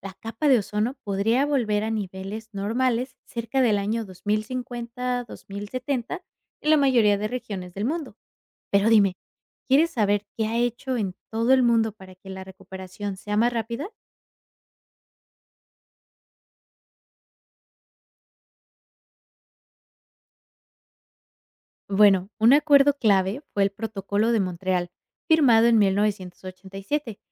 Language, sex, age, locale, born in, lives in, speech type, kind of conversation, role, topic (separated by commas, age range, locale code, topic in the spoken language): Spanish, female, 25-29, es-MX, Mexico, United States, read, expert-assistant, expert, ¿Por qué la capa de ozono es esencial para la vida en la Tierra?